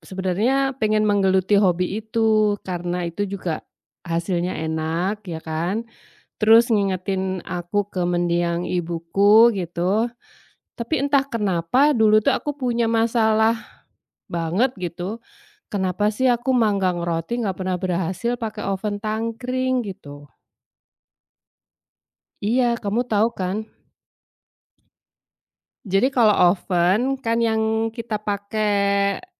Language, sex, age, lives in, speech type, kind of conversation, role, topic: Indonesian, female, 45-49, Indonesia, conversation, unstructured, none, Apa yang benar-benar membuat kamu frustrasi saat belajar hobi baru?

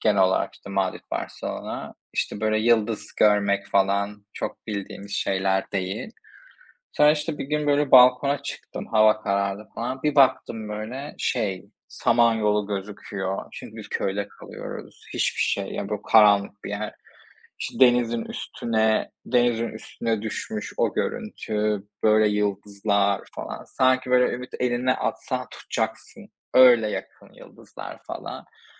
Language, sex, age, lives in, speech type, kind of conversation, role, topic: Turkish, male, 25-29, Spain, conversation, unstructured, none, Seyahat etmek sana ne hissettiriyor?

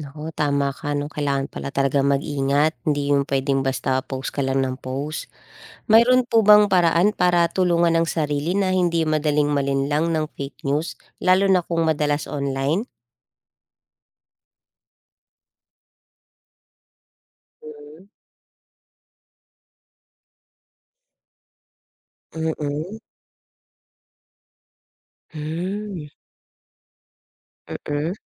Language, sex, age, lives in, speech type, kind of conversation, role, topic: Filipino, female, 30-34, Philippines, read, expert-assistant, asker, Ano ang mga sanhi ng pagkalat ng fake news?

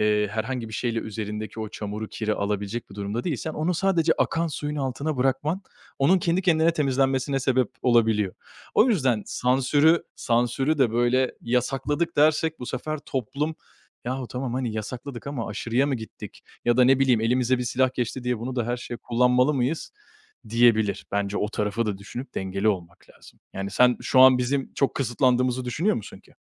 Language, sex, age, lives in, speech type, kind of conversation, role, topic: Turkish, male, 25-29, Italy, conversation, unstructured, none, Sanat eserlerine sansür uygulanmalı mı?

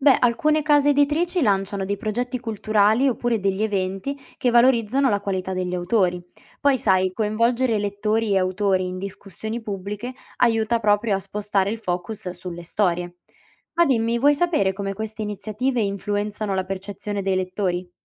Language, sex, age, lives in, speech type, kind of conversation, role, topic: Italian, female, 20-24, Italy, read, expert-assistant, expert, Quando sentiamo dire che un autore italiano si è ispirato a uno straniero, come facciamo a capire se è davvero così o sono solo voci?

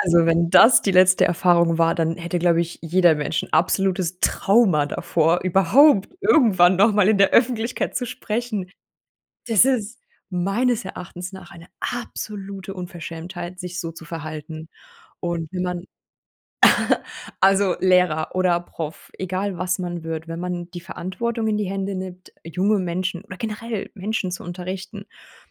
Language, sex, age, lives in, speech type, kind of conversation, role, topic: German, female, 30-34, Germany, conversation, advice, advisor, Warum habe ich trotz guter Vorbereitung Angst, vor anderen zu sprechen?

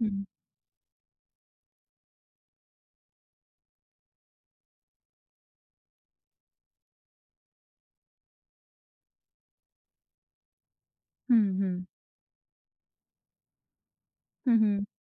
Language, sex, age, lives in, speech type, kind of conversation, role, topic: Hindi, female, 25-29, India, conversation, podcast, guest, Tumhare yahan ghar ke kaam baantne ka system kaisa hai?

